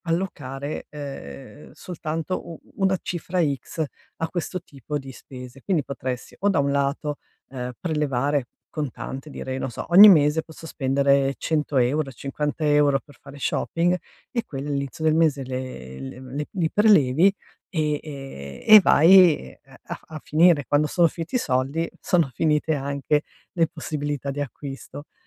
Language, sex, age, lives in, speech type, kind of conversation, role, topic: Italian, female, 55-59, Italy, conversation, advice, advisor, Come posso evitare di spendere troppo quando sono stressato?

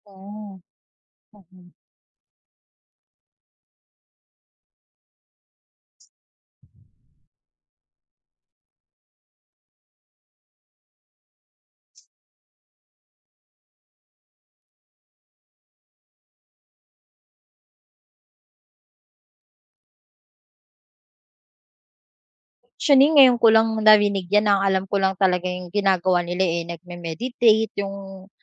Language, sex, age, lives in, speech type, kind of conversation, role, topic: Filipino, female, 25-29, Philippines, conversation, advice, user, Ano ang mga simpleng teknik sa paghinga at pagpapahinga para mabawasan ang stress?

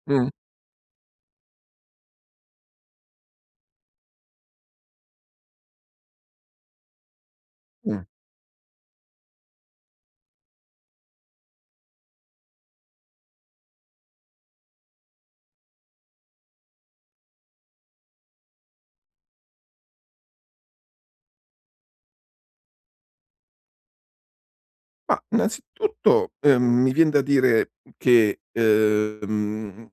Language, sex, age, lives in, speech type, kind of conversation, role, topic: Italian, male, 60-64, Italy, conversation, advice, advisor, Come posso trasformare i momenti di vuoto in una concentrazione intenzionale per lavorare meglio?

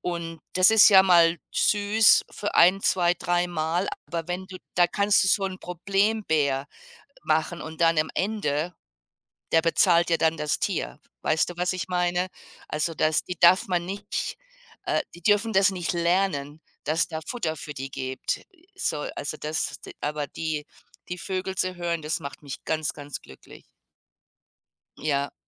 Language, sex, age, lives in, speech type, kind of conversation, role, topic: German, female, 55-59, United States, conversation, unstructured, none, Welche kleinen Dinge machen dich glücklich?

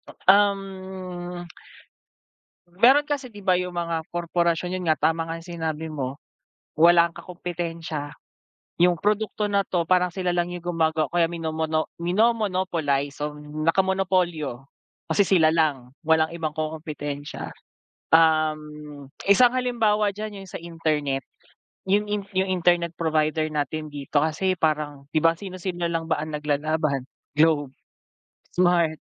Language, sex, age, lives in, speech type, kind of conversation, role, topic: Filipino, male, 25-29, Philippines, conversation, unstructured, none, Ano ang reaksyon mo sa mga korporasyong nagpapataw ng mataas na presyo?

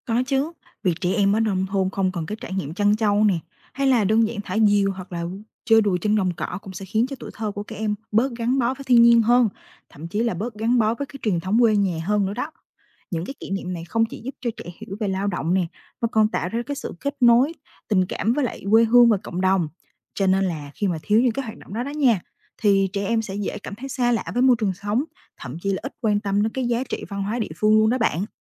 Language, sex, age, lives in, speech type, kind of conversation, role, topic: Vietnamese, female, 20-24, Vietnam, read, expert-assistant, expert, Nếu trâu biến mất ở làng quê Việt Nam, cuộc sống và văn hóa sẽ thay đổi như thế nào?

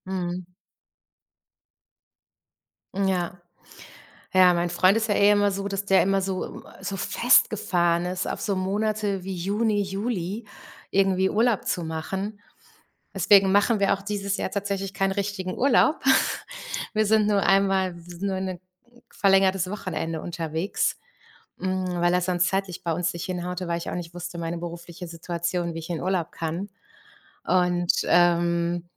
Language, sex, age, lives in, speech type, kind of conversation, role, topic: German, female, 45-49, Germany, conversation, unstructured, none, Wenn du dich zwischen Sommer und Winter entscheiden müsstest, welche Jahreszeit würdest du wählen?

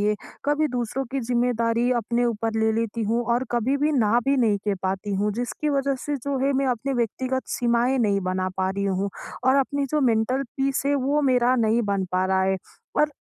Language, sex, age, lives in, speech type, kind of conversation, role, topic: Hindi, female, 20-24, India, conversation, advice, user, मैं अपनी सीमाएँ कैसे स्पष्ट रूप से तय करूँ और अनियंत्रित कारकों को कैसे छोड़ दूँ?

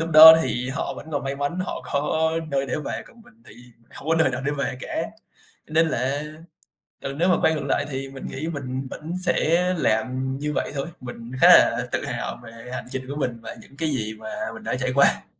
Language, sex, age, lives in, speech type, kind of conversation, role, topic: Vietnamese, male, 20-24, Vietnam, conversation, podcast, guest, Bạn có thể kể về một trải nghiệm đã thay đổi cuộc đời bạn không?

